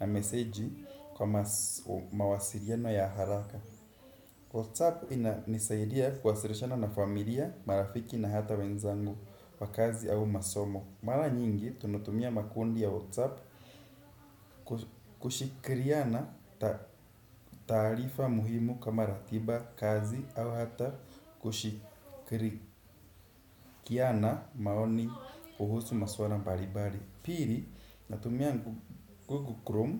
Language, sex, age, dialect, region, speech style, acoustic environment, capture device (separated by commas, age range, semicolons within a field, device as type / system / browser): Swahili, male, 18-24, Kenya - Nairobi Sheng-influenced, Kenya, relaxed, appliances; silence, desktop / Windows / Chrome